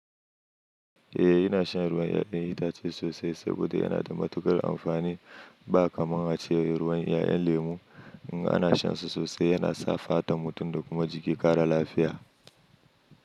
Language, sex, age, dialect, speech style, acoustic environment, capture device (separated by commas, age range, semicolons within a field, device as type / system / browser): Hausa, male, 25-34, Nigeria - Kano, happy, silence, mobile / Linux / Chrome